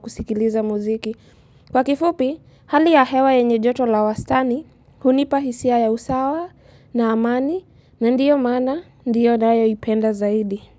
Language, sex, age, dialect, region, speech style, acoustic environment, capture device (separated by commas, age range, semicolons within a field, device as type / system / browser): Swahili, female, 18-24, Kenya - Mombasa, Kenya, happy, nature, mobile / Linux / Chrome